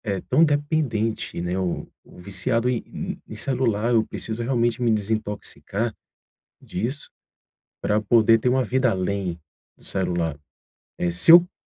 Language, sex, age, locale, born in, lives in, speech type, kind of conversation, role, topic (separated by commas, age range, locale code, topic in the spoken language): Portuguese, male, 40-44, pt-BR, Brazil, Portugal, conversation, advice, user, Como posso manter a atenção quando tento relaxar em casa?